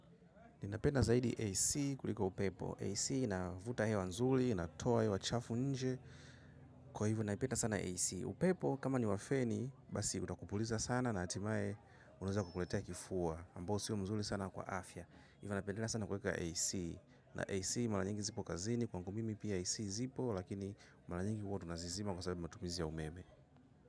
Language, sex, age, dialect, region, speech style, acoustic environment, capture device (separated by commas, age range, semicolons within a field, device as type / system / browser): Swahili, male, 25-34, Tanzania - Dar es Salaam, Tanzania, happy; focused; relaxed, silence, mobile / macOS / Safari